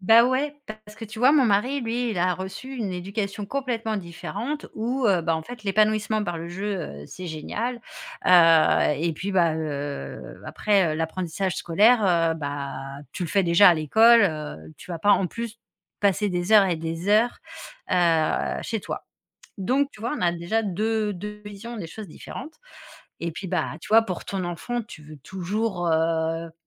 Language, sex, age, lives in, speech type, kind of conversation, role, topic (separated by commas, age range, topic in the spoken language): French, female, 40-44, France, conversation, advice, user, Pouvez-vous décrire une dispute récurrente qui ne trouve jamais de résolution ?